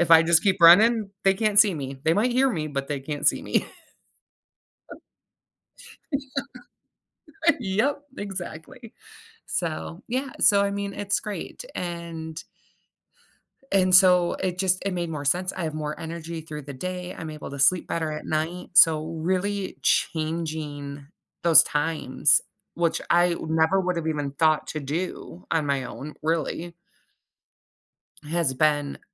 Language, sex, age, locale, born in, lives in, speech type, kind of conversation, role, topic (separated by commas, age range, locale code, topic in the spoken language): English, female, 35-39, en-US, United States, United States, conversation, podcast, guest, What are effective ways to maintain healthy routines when life gets hectic?